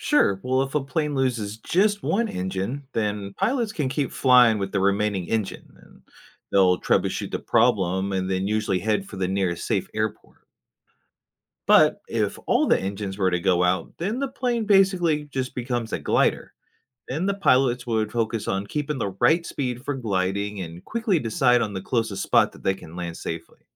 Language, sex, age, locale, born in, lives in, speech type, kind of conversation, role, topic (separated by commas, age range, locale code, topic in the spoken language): English, male, 30-34, en-US, United States, United States, read, expert-assistant, expert, How do airplanes stay in the air?